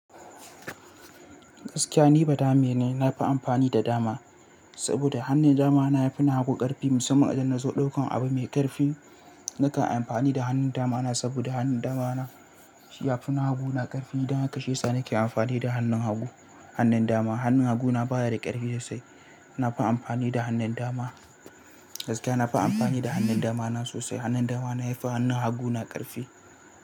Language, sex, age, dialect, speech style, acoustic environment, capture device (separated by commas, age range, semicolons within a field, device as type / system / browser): Hausa, male, 18-24, Nigeria - Katsina, happy, people talking, mobile / Linux / Chrome